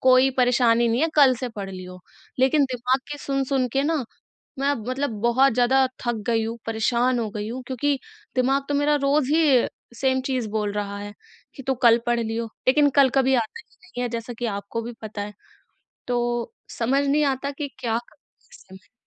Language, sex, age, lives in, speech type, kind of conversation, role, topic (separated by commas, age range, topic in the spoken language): Hindi, female, 20-24, India, conversation, advice, user, थकान के बावजूद लंबे समय तक काम करते हुए ध्यान कैसे बनाए रखें?